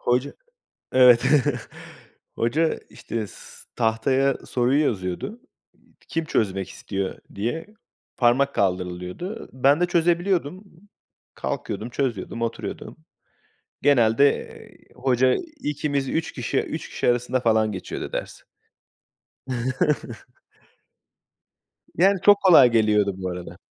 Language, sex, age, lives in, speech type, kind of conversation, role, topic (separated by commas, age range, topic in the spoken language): Turkish, male, 30-34, Portugal, conversation, unstructured, none, Eğitim hayatında en çok sevdiğin ders hangisi?